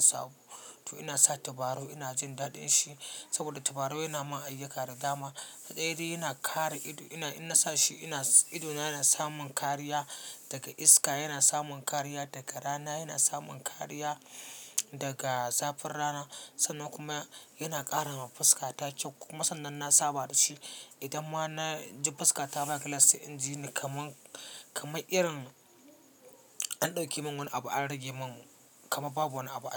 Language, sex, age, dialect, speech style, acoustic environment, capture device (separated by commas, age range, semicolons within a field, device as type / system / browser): Hausa, male, 25-34, Nigeria - Katsina, relaxed; focused, silence, mobile / Linux / Chrome